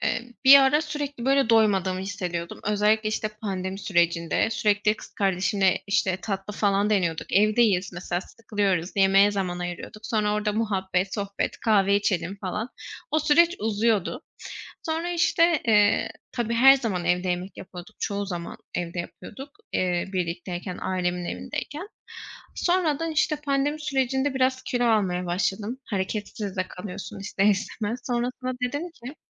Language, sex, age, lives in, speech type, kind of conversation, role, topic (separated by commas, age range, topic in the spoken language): Turkish, female, 25-29, Poland, conversation, unstructured, none, Evde yemek yapmayı mı yoksa dışarıda yemek yemeyi mi tercih edersin?